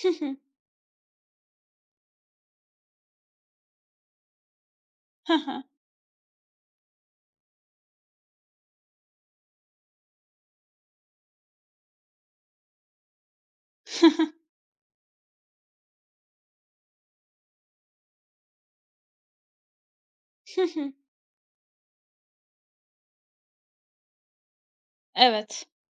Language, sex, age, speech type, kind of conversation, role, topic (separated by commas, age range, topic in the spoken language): Turkish, female, 30-34, conversation, advice, advisor, İlişkinde duygusal olarak uzaklaştığını ve bağlanmakta zorlandığını mı hissediyorsun?